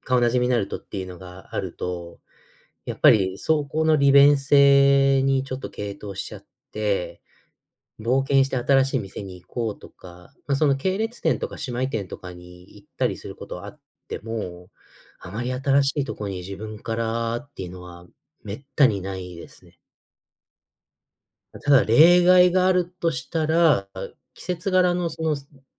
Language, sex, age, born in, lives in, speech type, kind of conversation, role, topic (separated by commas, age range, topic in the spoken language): Japanese, male, 30-34, United States, United States, conversation, podcast, guest, いちばんお気に入りの服はどんな服で、なぜそれが好きなのですか？